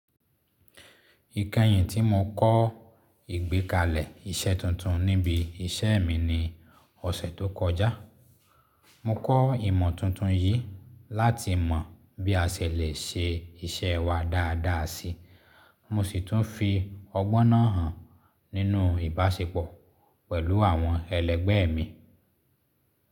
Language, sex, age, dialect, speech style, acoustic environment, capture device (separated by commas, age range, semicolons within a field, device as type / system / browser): Yoruba, male, 35-44, Nigeria - Lagos Yoruba, happy; excited; relaxed, silence, mobile / Linux / Chrome